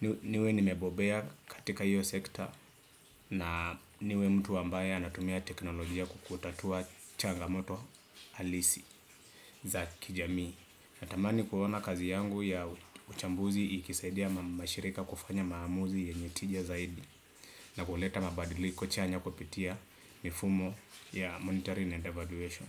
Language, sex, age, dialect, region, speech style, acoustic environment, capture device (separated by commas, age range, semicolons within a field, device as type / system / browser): Swahili, male, 25-34, Kenya - Nairobi Sheng-influenced, Kenya, relaxed, appliances, desktop / Windows / Chrome